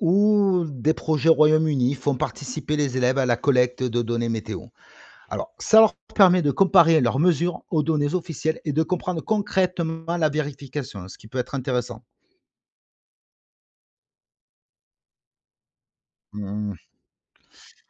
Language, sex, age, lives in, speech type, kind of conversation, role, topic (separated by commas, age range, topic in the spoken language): French, male, 45-49, France, read, expert-assistant, expert, Les records de température qu’on entend aux infos sont-ils fiables ?